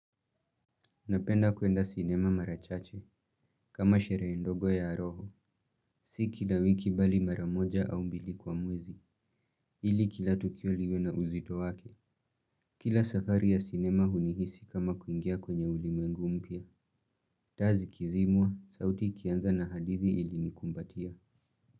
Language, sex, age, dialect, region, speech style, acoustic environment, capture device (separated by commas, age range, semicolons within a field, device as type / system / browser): Swahili, male, 18-24, Kenya - Nairobi Sheng-influenced, Kenya, relaxed, appliances; nature, mobile / Linux / Chrome